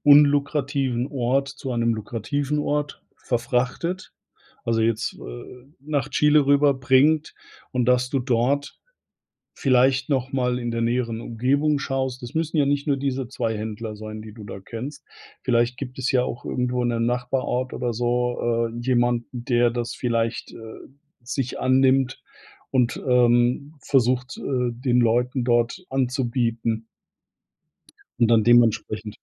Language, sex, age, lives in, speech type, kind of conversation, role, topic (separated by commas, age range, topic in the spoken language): German, male, 50-54, Germany, conversation, advice, advisor, Ich fühle mich von meinem ganzen Besitz überfordert – wie fange ich am besten mit dem Ausmisten an?